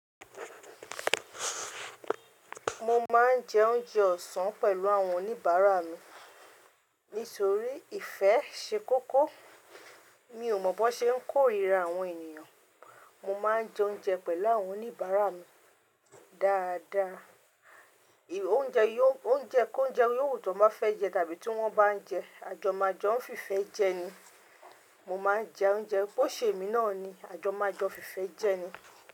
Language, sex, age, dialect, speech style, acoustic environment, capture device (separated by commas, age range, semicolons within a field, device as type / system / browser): Yoruba, female, 35-44, Nigeria - Ibadan, focused; relaxed, silence, mobile / Linux / Chrome